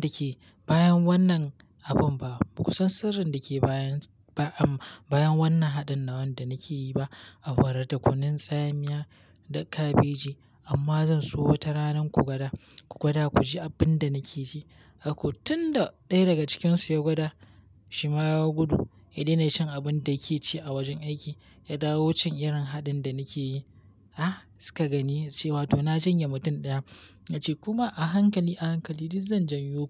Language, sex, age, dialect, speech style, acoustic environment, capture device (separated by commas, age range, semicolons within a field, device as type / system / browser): Hausa, male, 18-24, Nigeria - Kano, happy, nature; silence, mobile / Linux / Chrome